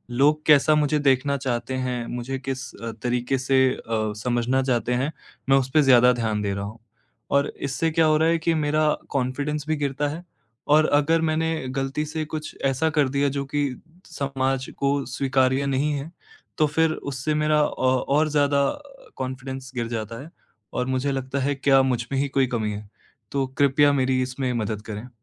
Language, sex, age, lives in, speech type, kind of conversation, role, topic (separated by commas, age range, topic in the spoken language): Hindi, male, 18-19, India, conversation, advice, user, दूसरों की राय के साथ मैं अपने स्टाइल और पसंद को कैसे संतुलित करूँ?